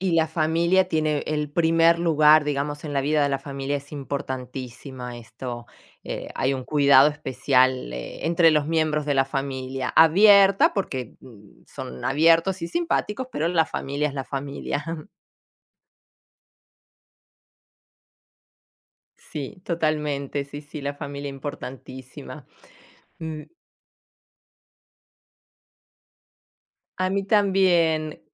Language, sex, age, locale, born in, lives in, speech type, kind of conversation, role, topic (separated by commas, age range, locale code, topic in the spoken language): Spanish, female, 45-49, es-AR, Argentina, Italy, conversation, unstructured, none, ¿Has tenido algún encuentro sorprendente con gente local?